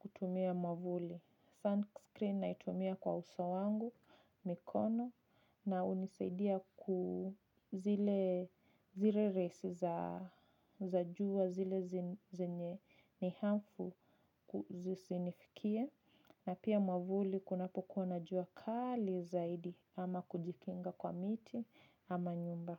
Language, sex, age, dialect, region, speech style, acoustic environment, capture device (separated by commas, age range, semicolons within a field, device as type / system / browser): Swahili, female, 25-34, Kenya - Nairobi Sheng-influenced, Kenya, relaxed, nature, mobile / Linux / Chrome